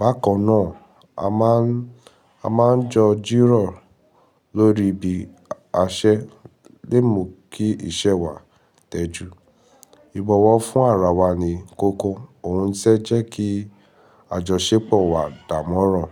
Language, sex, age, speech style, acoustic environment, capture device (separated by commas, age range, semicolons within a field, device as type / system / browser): Yoruba, male, 18-24, relaxed, silence, mobile / Linux / Chrome